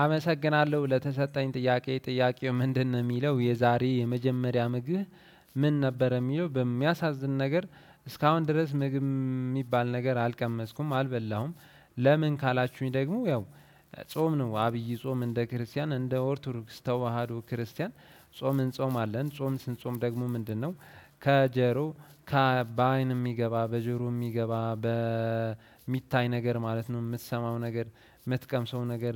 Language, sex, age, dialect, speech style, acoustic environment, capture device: Amharic, male, 18-24, Ethiopia - Addis Ababa, relaxed, nature; silence, desktop / Windows / Chrome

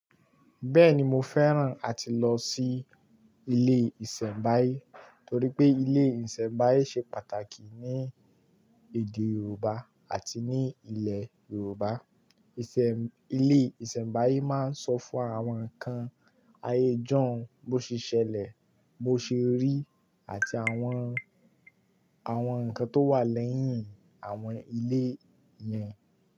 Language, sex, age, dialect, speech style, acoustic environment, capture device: Yoruba, male, 18-24, Nigeria - Kano, focused, nature, mobile / Linux / Chrome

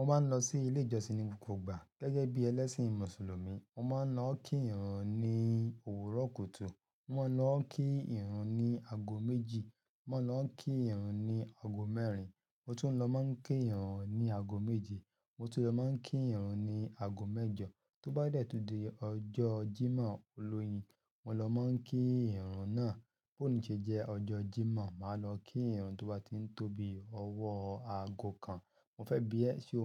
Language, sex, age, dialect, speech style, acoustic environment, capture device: Yoruba, male, 35-44, Nigeria - Ibadan, happy; relaxed; excited; focused, silence, mobile / Linux / Chrome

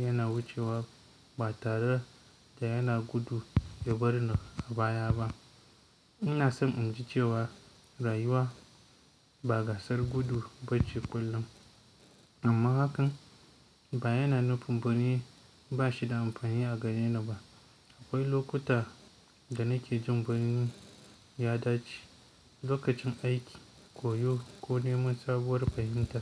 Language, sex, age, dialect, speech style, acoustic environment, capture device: Hausa, male, 18-24, Nigeria - Kano, focused, nature, mobile / Linux / Chrome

